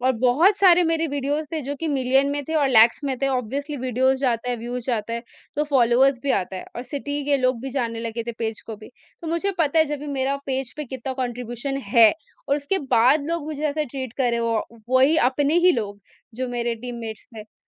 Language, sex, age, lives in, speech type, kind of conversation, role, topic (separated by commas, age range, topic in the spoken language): Hindi, female, 45-49, India, conversation, podcast, guest, Apni sabse badi failure aur usse kya seekha?